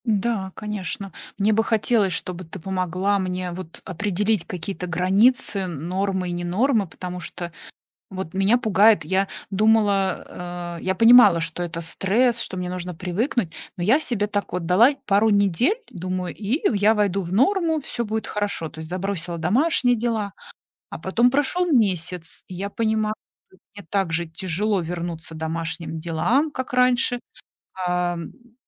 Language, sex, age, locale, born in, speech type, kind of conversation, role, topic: Russian, female, 40-44, ru-RU, Russia, conversation, advice, user, Как мне найти время для хобби и личных интересов?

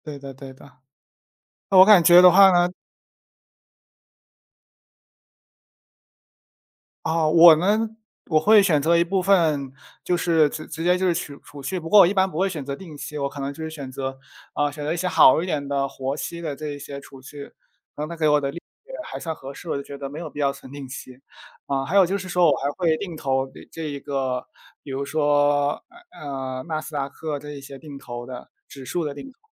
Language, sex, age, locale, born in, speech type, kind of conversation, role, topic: Chinese, male, 20-24, zh-CN, China, conversation, unstructured, none, 你觉得理财和消费应该如何平衡？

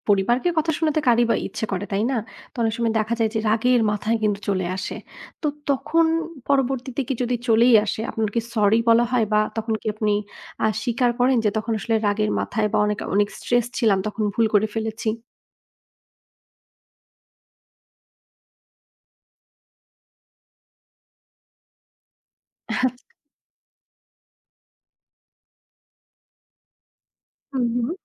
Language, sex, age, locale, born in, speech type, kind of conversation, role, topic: Bengali, female, 35-39, bn-BD, Bangladesh, conversation, podcast, host, দৈনন্দিন ছোটখাটো চাপ সামলাতে তোমার প্রিয় উপায় কী?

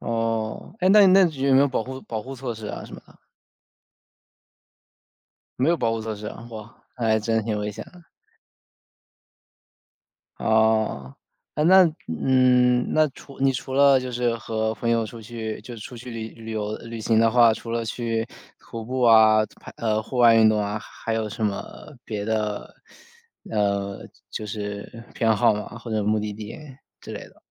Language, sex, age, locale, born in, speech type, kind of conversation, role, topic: Chinese, male, 25-29, zh-CN, China, conversation, unstructured, none, 你最喜欢和朋友一起做哪些活动？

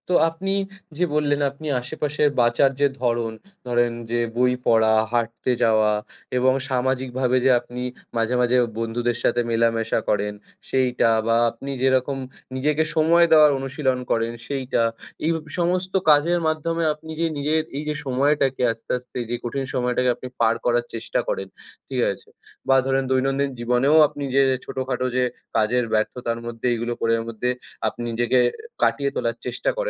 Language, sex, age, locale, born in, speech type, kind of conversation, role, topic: Bengali, male, 20-24, bn-IN, India, conversation, podcast, host, কঠিন সময়ে আপনি কীভাবে নিজেকে সামলান?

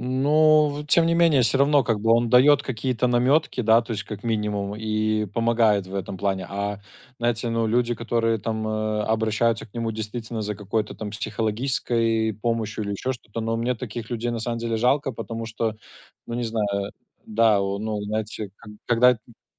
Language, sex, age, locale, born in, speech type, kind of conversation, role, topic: Russian, male, 25-29, ru-RU, Belarus, conversation, unstructured, none, Как технологии изменили твою повседневную жизнь?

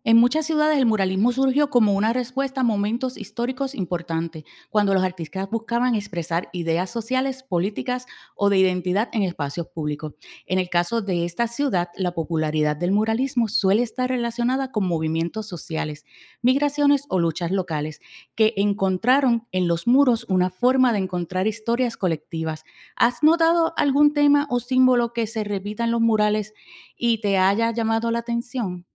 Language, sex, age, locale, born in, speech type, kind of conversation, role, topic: Spanish, female, 45-49, es-US, Puerto Rico, read, expert-assistant, expert, ¿Qué es más impactante para el espectador: una pintura realista o una obra abstracta?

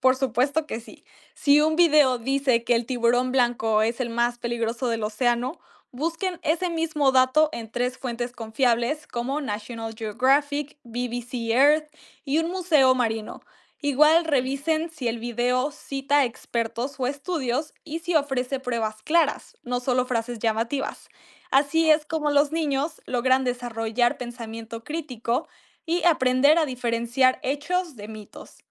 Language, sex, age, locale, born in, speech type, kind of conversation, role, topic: Spanish, female, 18-19, es-MX, Mexico, read, expert-assistant, expert, ¿Cuáles son las fuentes más confiables para aprender sobre la vida marina y cómo distinguir información verídica de mitos comunes?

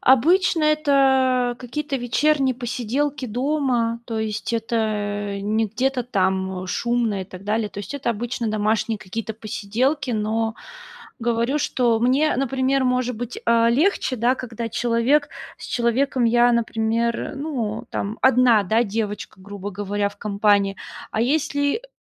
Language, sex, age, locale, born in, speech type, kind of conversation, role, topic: Russian, female, 30-34, ru-RU, Russia, conversation, advice, user, Как справиться с неловкостью на первых встречах, когда хочется завести новых друзей?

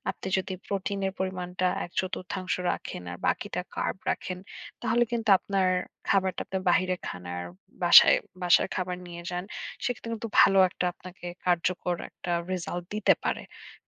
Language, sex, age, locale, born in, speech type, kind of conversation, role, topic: Bengali, female, 25-29, bn-BD, Bangladesh, conversation, advice, advisor, ওজন কমার গতি ধীর হওয়ায় হতাশ লাগছে এবং এখন কী করা উচিত বুঝতে পারছি না—আমি কীভাবে এগোতে পারি?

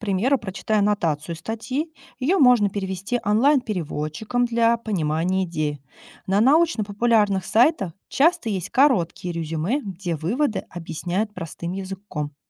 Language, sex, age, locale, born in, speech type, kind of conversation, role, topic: Russian, female, 30-34, ru-RU, Russia, read, expert-assistant, expert, Как отличить настоящие новости о космосе от выдуманных сенсаций?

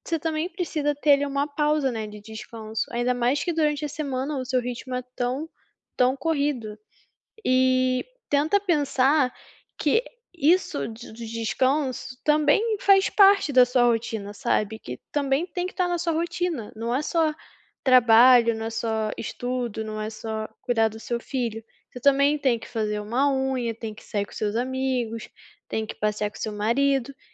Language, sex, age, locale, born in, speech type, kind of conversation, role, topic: Portuguese, female, 20-24, pt-BR, Brazil, conversation, advice, advisor, Por que me sinto culpado por não aproveitar meu tempo livre?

